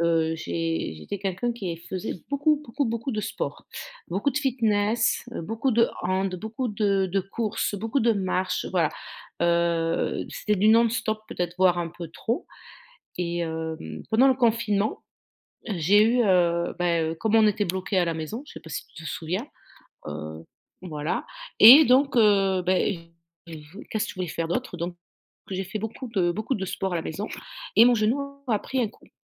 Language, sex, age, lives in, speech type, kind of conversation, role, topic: French, female, 50-54, France, conversation, advice, user, Pourquoi est-ce que je ne progresse plus malgré un entraînement régulier ?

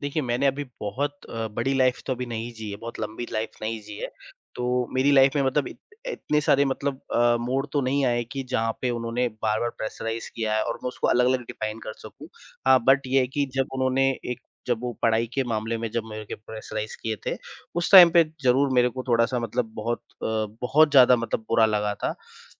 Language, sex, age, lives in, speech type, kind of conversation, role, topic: Hindi, male, 30-34, India, conversation, podcast, guest, Parents ka pressure tum par kaise asar karta tha?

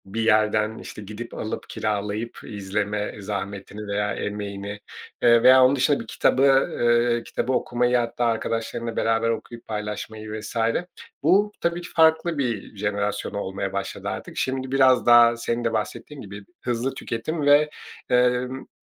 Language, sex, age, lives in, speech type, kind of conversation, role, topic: Turkish, male, 40-44, Portugal, conversation, podcast, host, İyi bir hikâyeye nasıl başlarsın ve sence ilk cümle ne kadar önemlidir?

